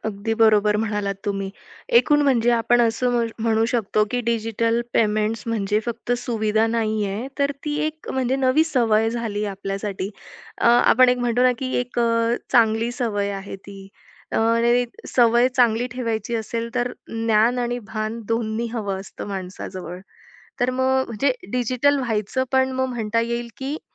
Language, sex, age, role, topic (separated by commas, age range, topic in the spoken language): Marathi, female, 25-29, host, भविष्यात डिजिटल पेमेंट्स किती सुरक्षित आणि किती सोपे होतील?